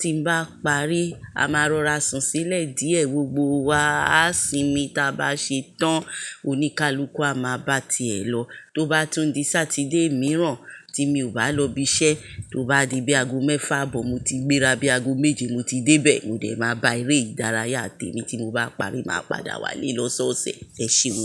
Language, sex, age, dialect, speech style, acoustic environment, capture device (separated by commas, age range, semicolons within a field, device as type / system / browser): Yoruba, male, 18-24, Nigeria - Lagos Yoruba, relaxed, silence, mobile / Linux / Chrome